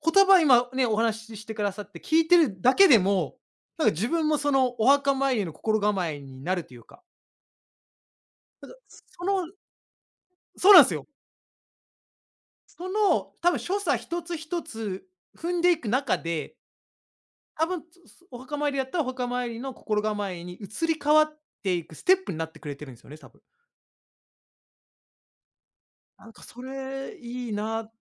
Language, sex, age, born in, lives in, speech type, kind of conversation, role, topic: Japanese, male, 35-39, Japan, Japan, conversation, unstructured, none, あなたの文化で大切にしている習慣は何ですか？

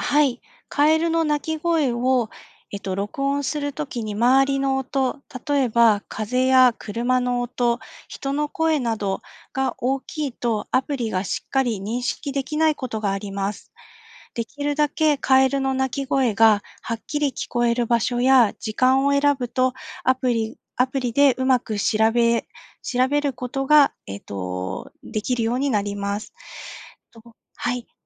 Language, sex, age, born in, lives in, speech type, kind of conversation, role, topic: Japanese, female, 45-49, Japan, Japan, read, expert-assistant, expert, なぜカエルは雨の日によく鳴くのか説明してください。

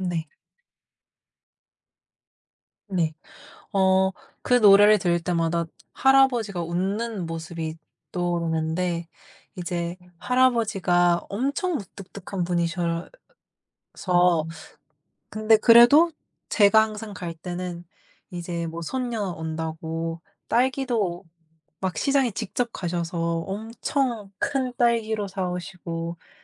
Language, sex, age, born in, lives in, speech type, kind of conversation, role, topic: Korean, female, 18-19, South Korea, United States, conversation, podcast, guest, 음악을 들으면 떠오르는 특별한 추억 하나를 들려주실 수 있나요?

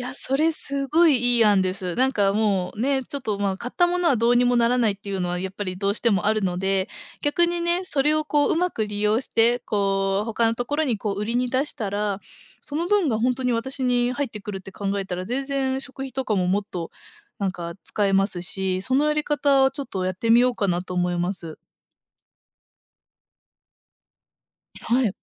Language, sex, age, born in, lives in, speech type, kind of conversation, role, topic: Japanese, female, 25-29, Japan, Japan, conversation, advice, user, 節約のために楽しみを我慢しているせいでモチベーションが下がってしまったのですが、どうすればよいですか？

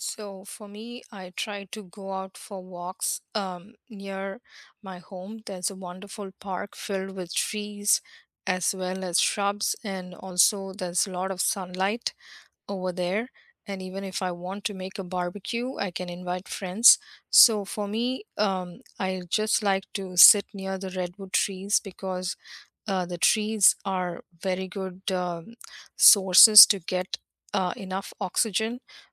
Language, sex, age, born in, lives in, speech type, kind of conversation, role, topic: English, female, 50-54, India, United States, conversation, unstructured, none, Where do you go in nature to feel restored, and what makes that place meaningful to you?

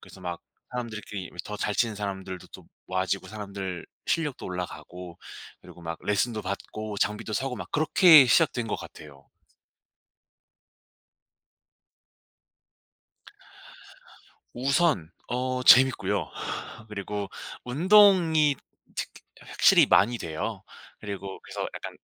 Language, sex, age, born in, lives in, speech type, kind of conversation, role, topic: Korean, male, 25-29, South Korea, South Korea, conversation, podcast, guest, 그 취미는 어떻게 시작하게 되셨나요?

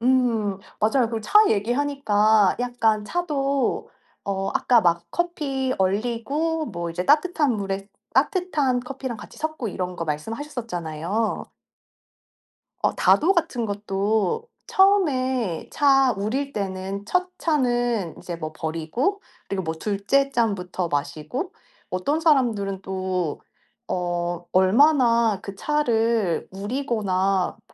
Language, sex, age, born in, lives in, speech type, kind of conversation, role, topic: Korean, female, 35-39, South Korea, South Korea, conversation, unstructured, none, 커피와 차 중 어느 쪽을 더 좋아하시나요?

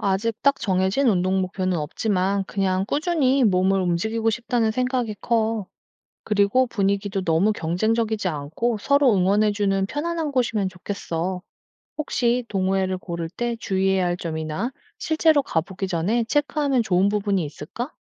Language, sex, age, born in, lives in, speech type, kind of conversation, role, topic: Korean, female, 35-39, South Korea, South Korea, read, expert-assistant, asker, 운동 동호회에 참여하면 진짜 혼자 운동할 때보다 더 효과적일까요?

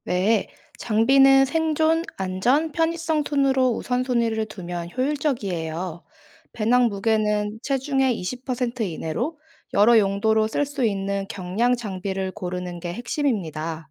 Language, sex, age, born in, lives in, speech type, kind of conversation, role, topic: Korean, female, 25-29, South Korea, South Korea, read, expert-assistant, expert, 산행과 캠핑 장비를 체계적으로 준비하고 짐을 최소화하면서도 안전하게 챙기는 전략에는 어떤 것이 있을까요?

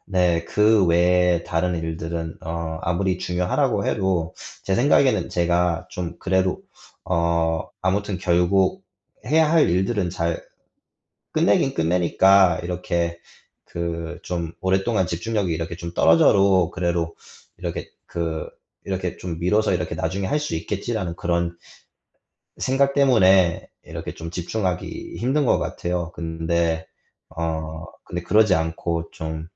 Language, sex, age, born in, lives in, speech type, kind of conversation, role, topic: Korean, male, 25-29, United States, United States, conversation, advice, user, 집중력과 기억력이 떨어져 일을 처리하기 어려운 상황을 어떻게 설명해 주시겠어요?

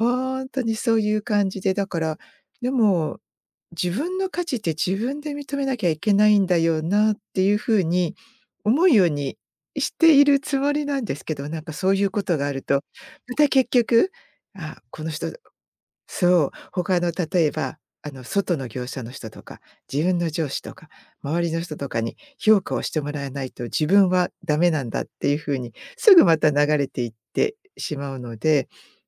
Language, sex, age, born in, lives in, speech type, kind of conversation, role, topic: Japanese, female, 55-59, Japan, United States, conversation, podcast, guest, 他人からの評価が気になるとき、どう対処すればよいですか？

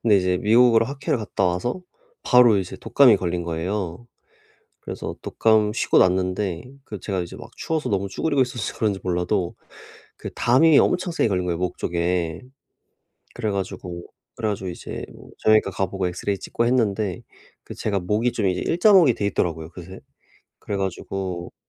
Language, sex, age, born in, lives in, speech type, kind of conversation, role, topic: Korean, male, 25-29, South Korea, South Korea, conversation, advice, user, 짧은 쉬는 시간에 간단히 할 수 있는 운동은 무엇인가요?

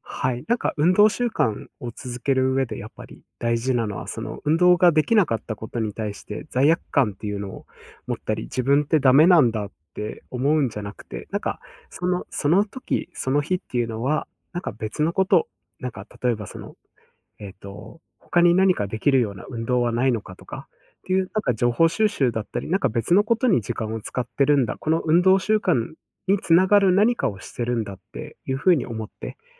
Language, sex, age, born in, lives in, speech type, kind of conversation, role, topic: Japanese, male, 25-29, Japan, Japan, conversation, podcast, guest, 運動習慣を続けるためのコツは何だと思いますか？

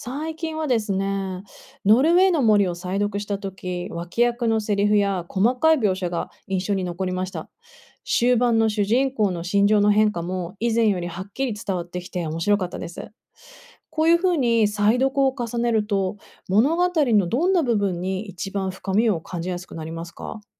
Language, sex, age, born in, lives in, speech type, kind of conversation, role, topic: Japanese, female, 35-39, Japan, United States, read, expert-assistant, asker, 本を読んだあとに『感想』と『解釈』はどう違うんでしょうか？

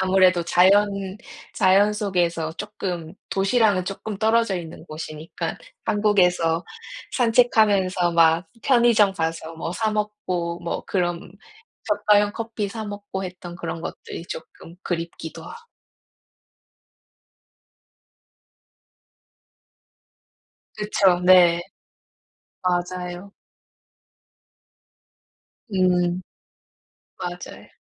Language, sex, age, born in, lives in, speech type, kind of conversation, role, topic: Korean, female, 30-34, South Korea, United States, conversation, unstructured, none, 우리 동네에서 가장 좋아하는 장소는 어디인가요?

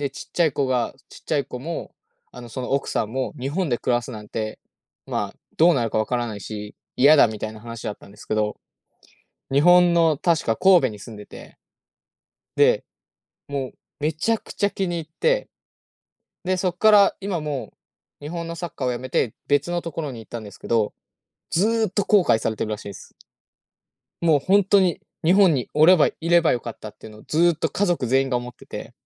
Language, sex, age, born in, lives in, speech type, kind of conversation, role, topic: Japanese, male, 20-24, Japan, Japan, conversation, unstructured, none, ボーナスが出たら、どのように使いたいですか？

